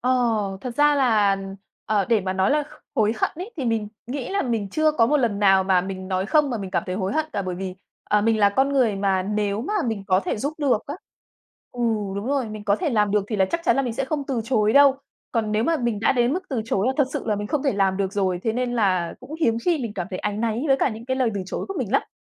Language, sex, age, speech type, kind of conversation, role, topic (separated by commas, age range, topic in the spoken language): Vietnamese, female, 30-34, conversation, podcast, guest, Bạn làm sao để nói “không” mà không cảm thấy tội lỗi?